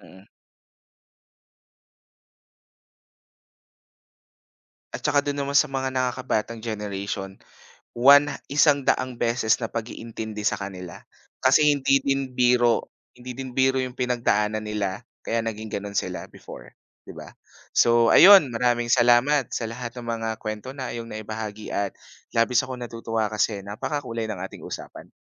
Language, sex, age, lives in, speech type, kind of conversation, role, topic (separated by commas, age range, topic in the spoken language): Filipino, male, 25-29, Philippines, conversation, podcast, host, Bakit nahihirapang maunawaan ng mga nakatatanda ang kalusugang pangkaisipan ng kabataan?